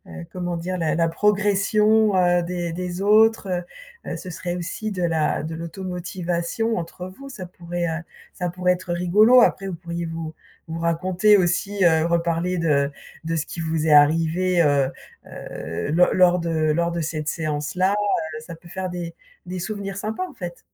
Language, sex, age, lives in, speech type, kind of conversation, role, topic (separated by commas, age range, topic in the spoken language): French, female, 55-59, France, conversation, advice, advisor, Pourquoi ai-je du mal à trouver la motivation pour commencer ou maintenir une routine d’exercice ?